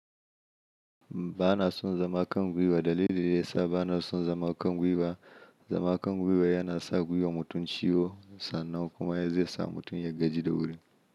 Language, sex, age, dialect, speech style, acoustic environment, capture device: Hausa, male, 25-34, Nigeria - Kano, happy, silence, mobile / Linux / Chrome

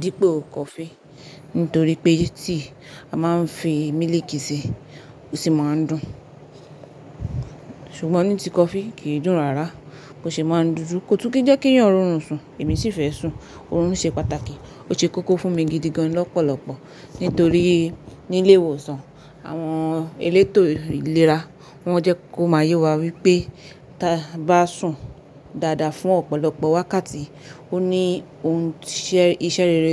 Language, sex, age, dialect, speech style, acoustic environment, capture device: Yoruba, female, 25-34, Nigeria - Nigerian Standard, focused, nature, mobile / Linux / Chrome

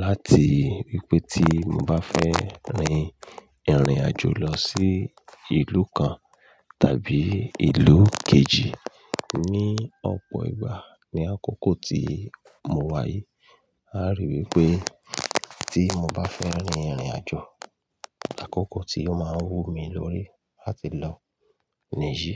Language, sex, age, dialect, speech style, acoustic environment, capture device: Yoruba, male, 35-44, Nigeria - Ibadan, happy, nature, mobile / Linux / Chrome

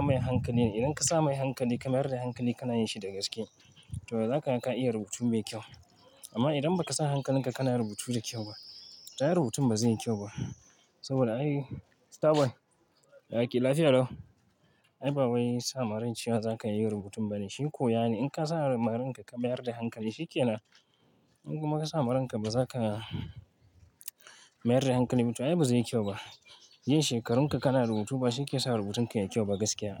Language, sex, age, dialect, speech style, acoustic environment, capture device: Hausa, male, 25-34, Nigeria - Kano, stressed, traffic, mobile / Linux / Chrome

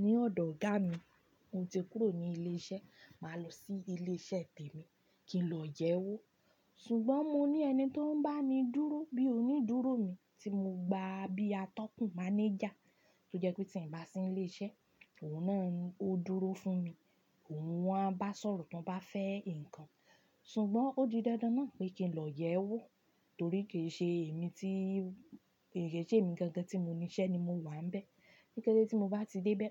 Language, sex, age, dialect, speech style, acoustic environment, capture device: Yoruba, female, 25-34, Nigeria - Ibadan, happy, music, desktop / Linux / Chrome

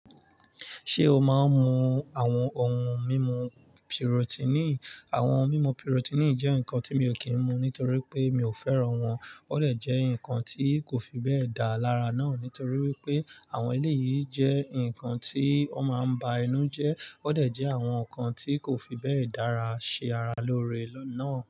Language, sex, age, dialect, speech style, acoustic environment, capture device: Yoruba, male, 25-34, Nigeria - Nigerian Standard, excited, nature, mobile / Linux / Chrome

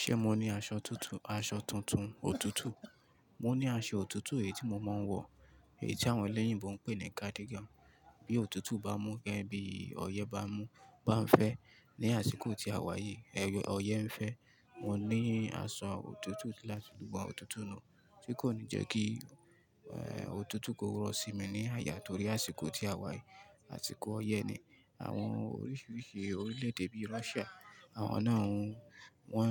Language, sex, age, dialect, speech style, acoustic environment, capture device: Yoruba, male, 25-34, Nigeria - Ibadan, happy, people talking; nature, mobile / Linux / Chrome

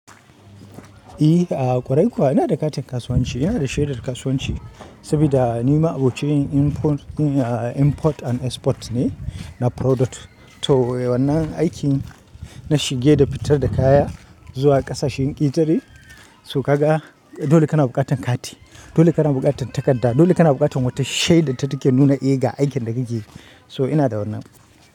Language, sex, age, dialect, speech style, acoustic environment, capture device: Hausa, male, 25-34, Nigeria - Kano, excited; focused, nature; traffic, mobile / Linux / Chrome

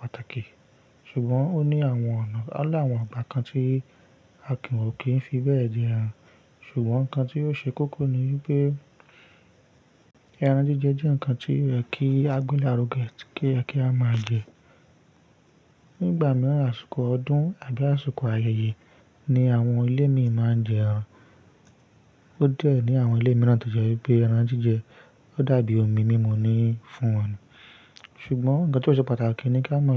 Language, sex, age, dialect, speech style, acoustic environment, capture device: Yoruba, male, 25-34, Nigeria - Ibadan, stressed; focused, nature; silence, mobile / Linux / Chrome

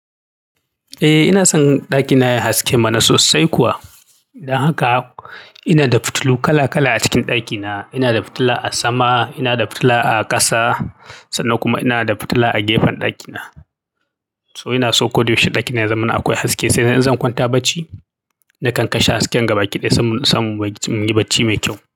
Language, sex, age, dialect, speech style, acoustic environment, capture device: Hausa, male, 35-44, Nigeria - Kano, happy, silence, mobile / Linux / Chrome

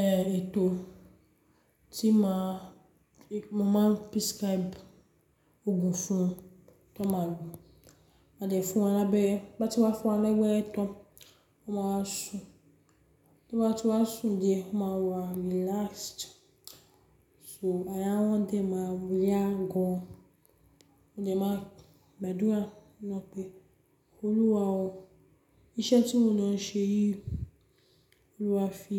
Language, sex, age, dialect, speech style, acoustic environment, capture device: Yoruba, female, 35-44, Nigeria - Lagos Yoruba, excited, nature, mobile / Linux / Chrome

